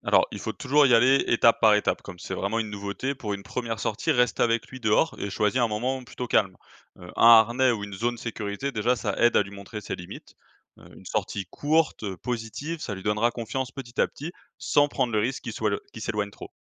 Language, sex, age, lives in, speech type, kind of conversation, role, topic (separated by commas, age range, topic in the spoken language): French, male, 25-29, France, read, expert-assistant, expert, Faut-il laisser son chat sortir dehors en toute sécurité ?